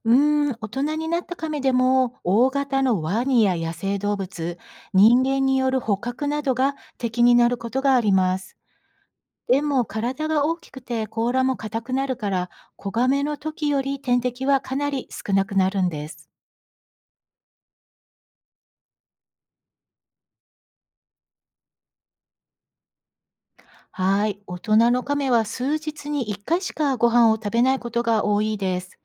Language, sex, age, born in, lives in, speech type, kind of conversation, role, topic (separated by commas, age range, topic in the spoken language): Japanese, female, 55-59, Japan, United States, read, expert-assistant, expert, なぜカメは長生きすると言われているのですか？